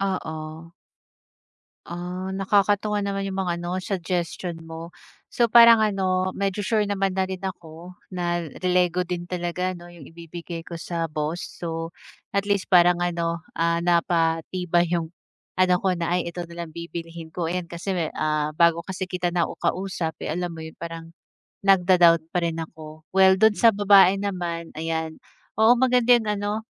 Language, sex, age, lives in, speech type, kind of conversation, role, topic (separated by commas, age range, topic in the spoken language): Filipino, female, 30-34, Philippines, conversation, advice, user, Paano ako pipili ng tamang regalo para sa isang kaibigan?